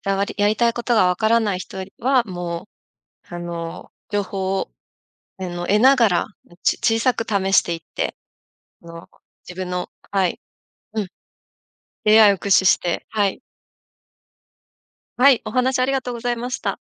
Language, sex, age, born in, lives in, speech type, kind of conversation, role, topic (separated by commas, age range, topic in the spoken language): Japanese, female, 35-39, Japan, Japan, conversation, podcast, host, やりたいことがわからない人には、何を勧めますか？